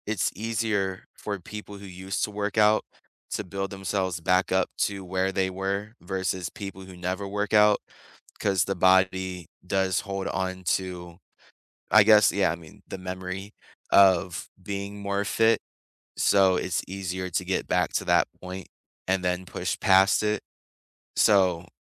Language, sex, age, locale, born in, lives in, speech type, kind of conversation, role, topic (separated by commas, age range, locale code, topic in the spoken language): English, male, 25-29, en-US, United States, United States, conversation, unstructured, none, What is surprising about how exercise can reduce stress?